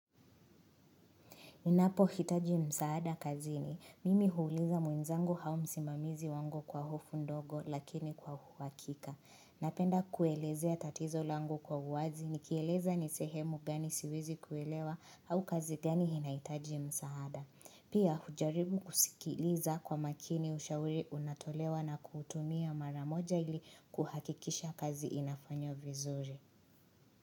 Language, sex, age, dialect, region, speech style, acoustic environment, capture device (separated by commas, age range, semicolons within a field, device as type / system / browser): Swahili, female, 25-34, Kenya - Nairobi Sheng-influenced, Kenya, relaxed, silence, mobile / Linux / Chrome